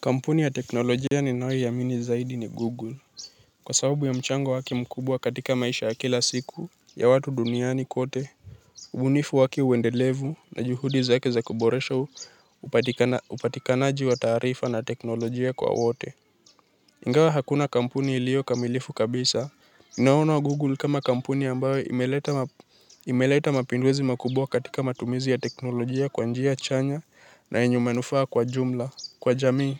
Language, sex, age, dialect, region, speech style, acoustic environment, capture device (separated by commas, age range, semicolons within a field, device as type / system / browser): Swahili, male, 18-24, Kenya - Nairobi Sheng-influenced, Kenya, happy; anxious; nervous; excited, nature, mobile / Linux / Chrome